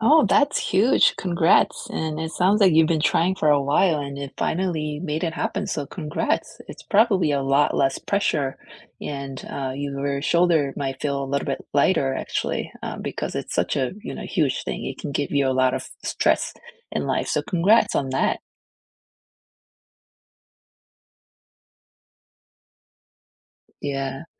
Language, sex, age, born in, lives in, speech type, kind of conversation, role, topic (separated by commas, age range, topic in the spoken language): English, female, 40-44, South Korea, United States, conversation, unstructured, none, What big or small moments made you feel grateful this week, and why did they matter to you?